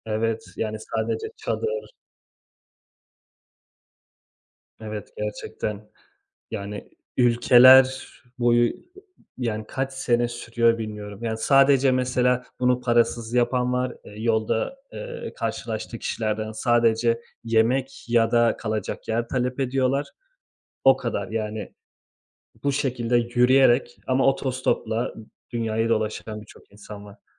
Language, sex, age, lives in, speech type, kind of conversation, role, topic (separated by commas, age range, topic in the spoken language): Turkish, male, 30-34, Italy, conversation, unstructured, none, Yeni bir yere gitmek size neden heyecan verici geliyor?